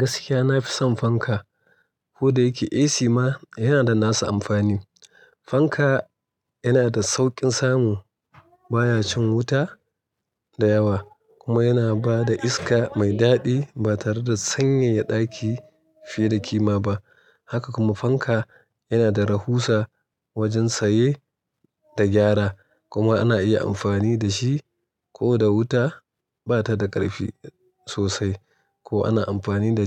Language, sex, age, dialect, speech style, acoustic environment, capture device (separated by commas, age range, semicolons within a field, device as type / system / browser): Hausa, male, 35-44, Nigeria - Kano, relaxed, nature, mobile / Linux / Chrome